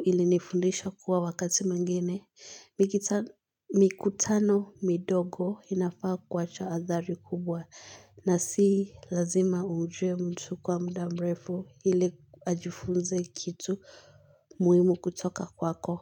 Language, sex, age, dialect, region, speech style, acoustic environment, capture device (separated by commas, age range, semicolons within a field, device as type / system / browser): Swahili, female, 18-24, Kenya - Nairobi Sheng-influenced, Kenya, relaxed; focused, silence, mobile / Linux / Chrome